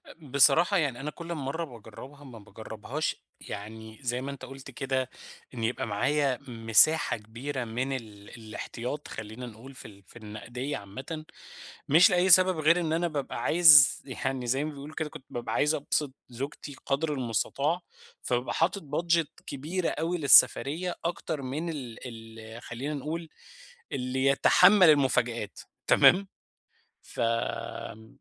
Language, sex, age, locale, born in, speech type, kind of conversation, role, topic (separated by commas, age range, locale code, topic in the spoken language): Arabic, male, 30-34, ar-EG, Egypt, conversation, advice, user, إزاي أتغلب على قلق السفر وأتعود أستكشف أماكن جديدة؟